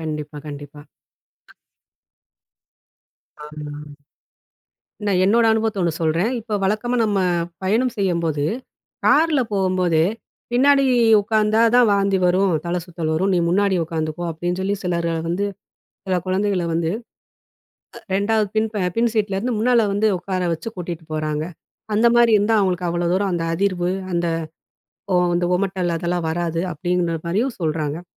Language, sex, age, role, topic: Tamil, female, 40-44, host, உணர்ச்சி நிலை உடலோடு எப்படி தொடர்புபடுகிறது?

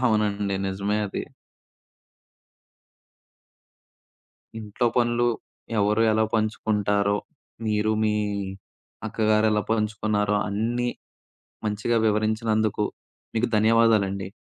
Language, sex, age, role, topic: Telugu, male, 20-24, host, ఇంట్లో పనులను ఎవరు ఏవిధంగా పంచుకుని నిర్వహిస్తారు?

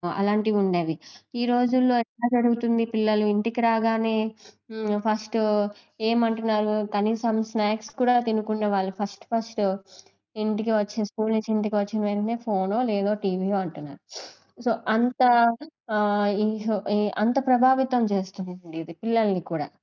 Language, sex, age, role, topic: Telugu, female, 20-24, guest, సామాజిక మాధ్యమాలు మన అభిప్రాయాలను ఎలా ప్రభావితం చేసి మార్చుతాయి?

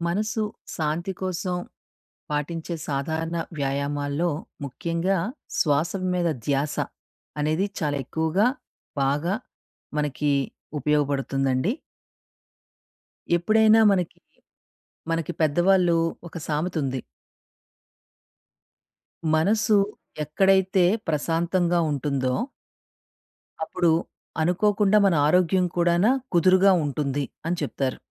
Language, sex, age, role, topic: Telugu, female, 40-44, guest, మనసుకు శాంతి కలిగించేందుకు మీరు సాధారణంగా చేసే శ్వాస వ్యాయామం ఏది?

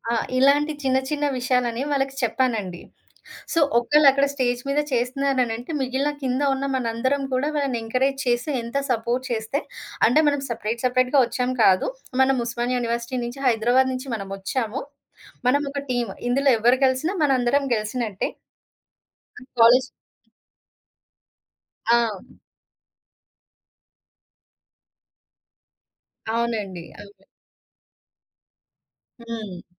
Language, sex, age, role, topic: Telugu, female, 30-34, guest, జట్టు సభ్యుల మధ్య సంపూర్ణ నమ్మకాన్ని మీరు ఎలా నిర్మిస్తారు?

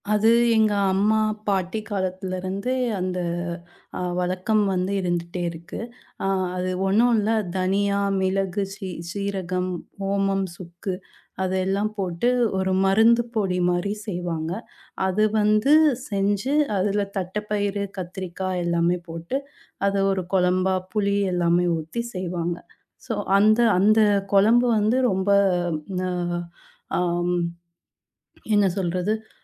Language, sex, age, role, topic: Tamil, female, 30-34, guest, பழமையான குடும்ப உணவுகளின் சுவையை நீங்கள் எப்படிப் பாதுகாத்து வந்திருக்கிறீர்கள்?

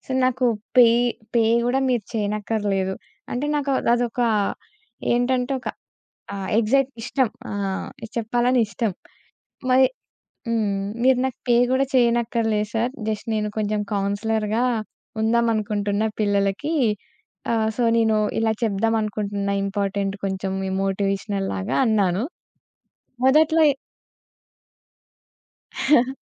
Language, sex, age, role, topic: Telugu, female, 20-24, guest, మీరు స్వయంగా నేర్చుకున్న ఒక నైపుణ్యం గురించి చెప్పగలరా?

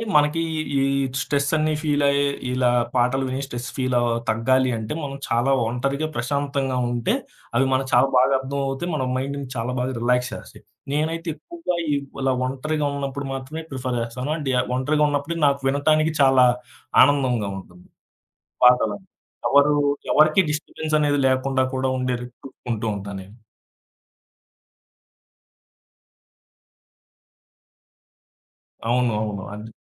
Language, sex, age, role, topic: Telugu, male, 25-29, guest, ఒక హాబీ ద్వారా మీరు ఏమి నేర్చుకున్నారు?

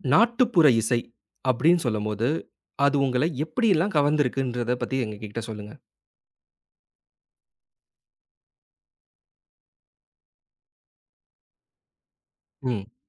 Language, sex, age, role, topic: Tamil, male, 30-34, host, நாட்டுப்புற இசை உங்களுக்கு எப்படிப் பிடித்தது?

